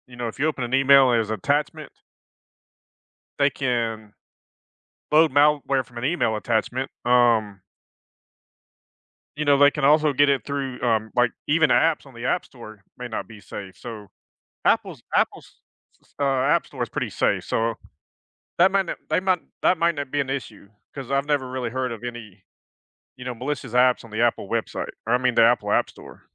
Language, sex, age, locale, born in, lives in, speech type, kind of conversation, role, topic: English, male, 50-54, en-US, United States, United States, conversation, advice, advisor, What steps can I take to secure my smartphone against hackers and malware?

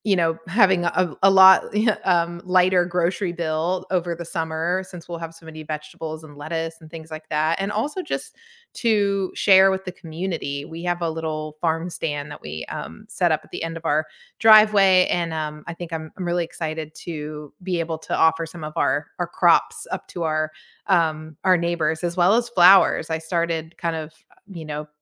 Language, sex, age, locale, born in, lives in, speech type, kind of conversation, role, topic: English, female, 40-44, en-US, United States, United States, conversation, unstructured, none, What hobby have you picked up recently, and why has it stuck?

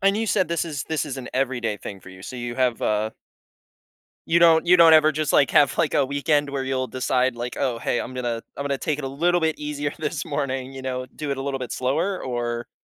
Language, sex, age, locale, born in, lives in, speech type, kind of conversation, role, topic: English, male, 20-24, en-US, United States, United States, conversation, podcast, host, What morning habits help you feel your best throughout the day?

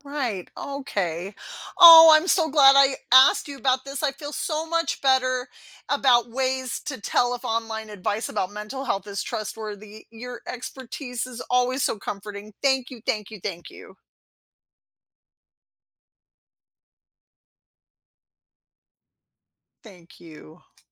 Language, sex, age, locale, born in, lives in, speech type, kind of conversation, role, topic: English, female, 50-54, en-US, United States, United States, read, expert-assistant, asker, What are the best ways to tell if online advice about mental health is trustworthy?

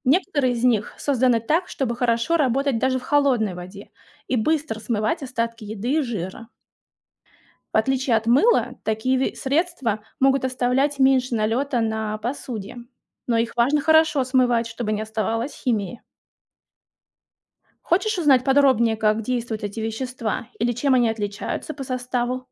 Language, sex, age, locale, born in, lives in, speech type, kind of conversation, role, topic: Russian, female, 35-39, ru-RU, Russia, Germany, read, expert-assistant, expert, Почему мыло смывает грязь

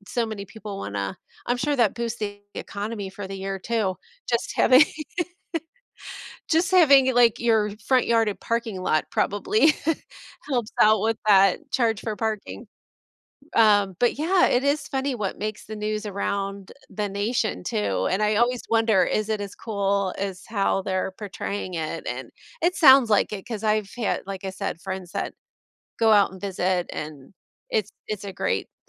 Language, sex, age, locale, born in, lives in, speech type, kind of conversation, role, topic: English, female, 45-49, en-US, United States, United States, conversation, unstructured, none, What kinds of news stories do you find most interesting or important?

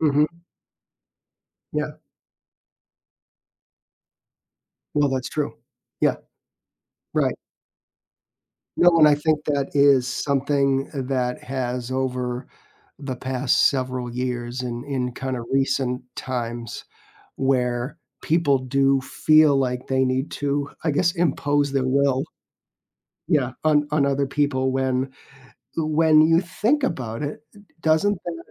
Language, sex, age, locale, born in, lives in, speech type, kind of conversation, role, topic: English, male, 55-59, en-US, United States, United States, conversation, podcast, host, How can we have respectful conversations with people who see the world differently?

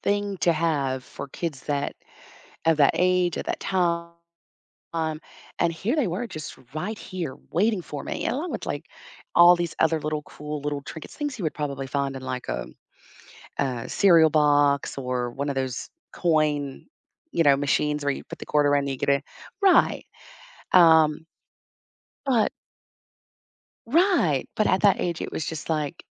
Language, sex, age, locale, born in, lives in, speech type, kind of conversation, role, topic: English, female, 45-49, en-US, United States, United States, conversation, unstructured, none, Which childhood memory still makes you smile today, and what makes it so special to you?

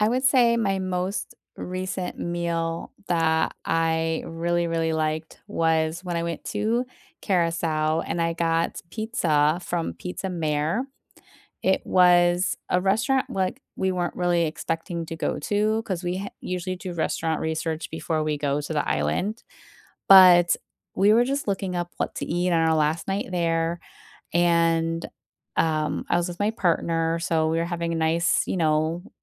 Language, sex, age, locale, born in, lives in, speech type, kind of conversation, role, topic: English, female, 40-44, en-US, United States, United States, conversation, unstructured, none, What is a meal you remember vividly, and what made it special for you?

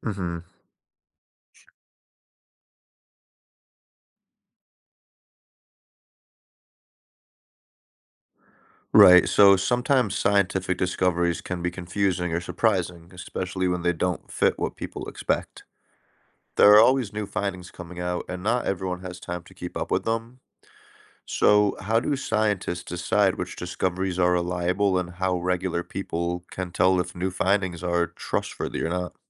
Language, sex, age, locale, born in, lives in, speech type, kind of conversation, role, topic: English, male, 30-34, en-US, United States, United States, read, expert-assistant, asker, How do scientific discoveries influence our understanding of the universe?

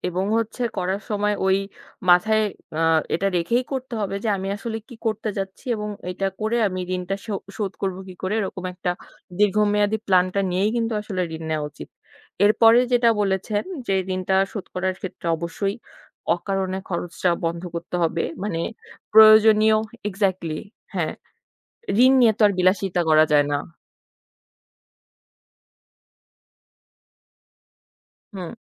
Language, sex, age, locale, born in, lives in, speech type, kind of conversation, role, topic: Bengali, female, 25-29, bn-BD, Bangladesh, Bangladesh, conversation, unstructured, none, আপনি কীভাবে আপনার ঋণ পরিশোধের কৌশল তৈরি করেন?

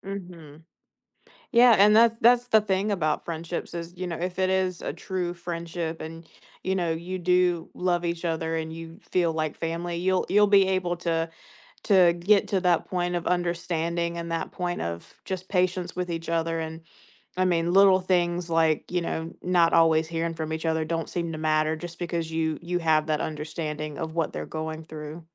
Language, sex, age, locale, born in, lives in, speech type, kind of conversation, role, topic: English, female, 20-24, en-US, United States, United States, conversation, podcast, guest, What factors shape the way our friendships grow and evolve throughout life?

